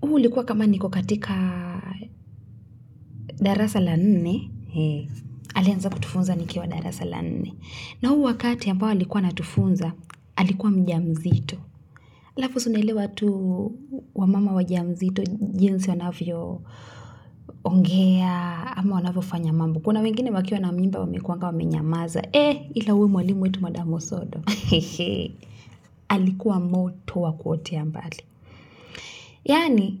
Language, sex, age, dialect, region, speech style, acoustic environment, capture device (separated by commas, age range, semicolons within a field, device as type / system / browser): Swahili, female, 25-34, Kenya - Nairobi Sheng-influenced, Kenya, relaxed, appliances; silence; nature, mobile / Linux / Chrome